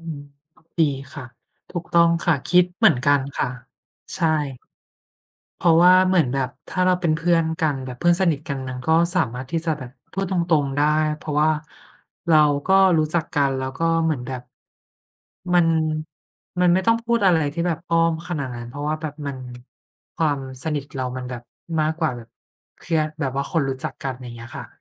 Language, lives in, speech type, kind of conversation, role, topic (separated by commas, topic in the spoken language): Thai, Thailand, conversation, unstructured, none, ถ้าเพื่อนทำผิด คุณจะพูดอย่างไรให้เขาเข้าใจ?